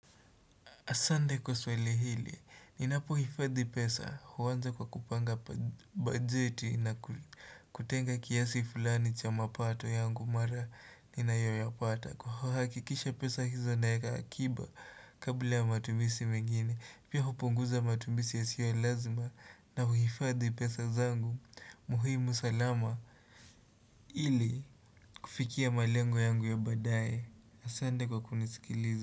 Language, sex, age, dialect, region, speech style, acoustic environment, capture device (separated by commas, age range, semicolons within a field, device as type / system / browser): Swahili, male, 18-24, Kenya - Nairobi Sheng-influenced, Kenya, happy; relaxed; focused, nature; silence, desktop / Windows / Chrome